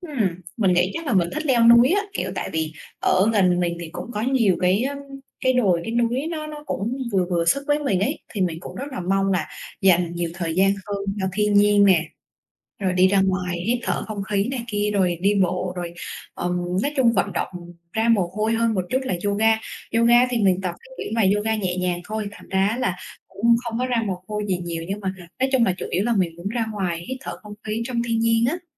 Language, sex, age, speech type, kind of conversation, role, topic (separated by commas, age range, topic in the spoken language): Vietnamese, female, 25-29, conversation, advice, user, Làm sao để bắt đầu một thói quen mới khi bạn có quá nhiều lựa chọn?